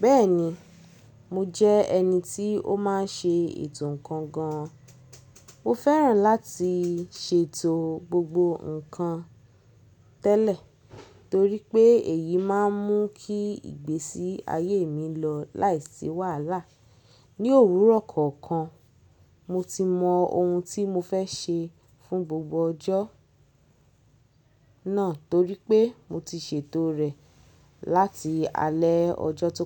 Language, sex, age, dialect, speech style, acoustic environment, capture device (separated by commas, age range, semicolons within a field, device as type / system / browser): Yoruba, female, 25-34, Nigeria - Lagos Yoruba, happy; focused, people talking; nature, mobile / Linux / Chrome